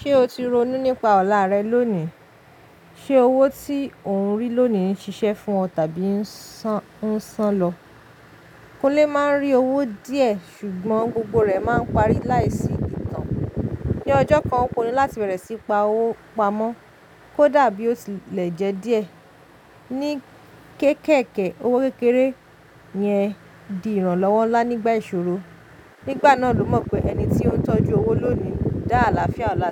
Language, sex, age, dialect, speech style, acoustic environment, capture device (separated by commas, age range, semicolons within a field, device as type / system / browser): Yoruba, female, 25-34, Nigeria - Lagos Yoruba, relaxed, nature, mobile / Linux / Chrome